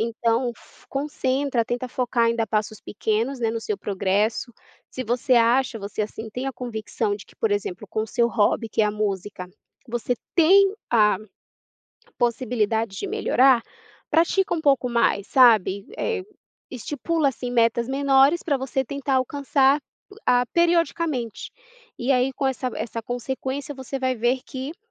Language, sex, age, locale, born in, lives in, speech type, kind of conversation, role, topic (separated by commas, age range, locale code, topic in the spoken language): Portuguese, female, 30-34, pt-BR, Brazil, United States, conversation, advice, advisor, Como posso evitar me comparar com o progresso dos outros e acabar me desmotivando?